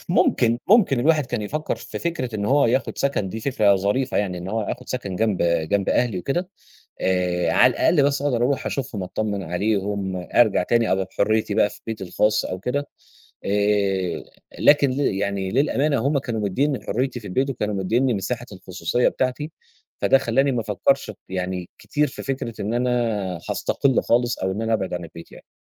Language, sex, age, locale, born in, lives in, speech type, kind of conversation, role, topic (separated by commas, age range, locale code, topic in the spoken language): Arabic, male, 30-34, ar-EG, Egypt, Egypt, conversation, podcast, guest, إحكيلي عن أول مرة سكنت بعيد عن أهلك؟